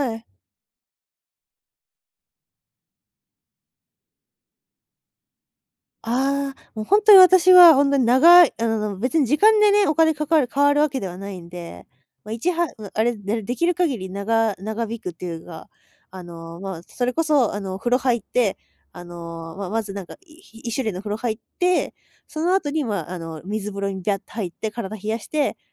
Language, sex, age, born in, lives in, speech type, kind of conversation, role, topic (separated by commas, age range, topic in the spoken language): Japanese, female, 20-24, Japan, Japan, conversation, podcast, guest, ストレス解消でよくやることは何？